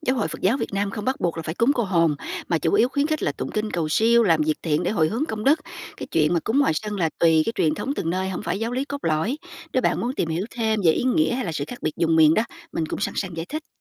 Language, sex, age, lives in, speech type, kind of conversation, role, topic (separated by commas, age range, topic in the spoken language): Vietnamese, female, 45-49, United States, read, expert-assistant, expert, Làm sao để biết những thông tin về tôn giáo mình đọc trên mạng có đáng tin hay không?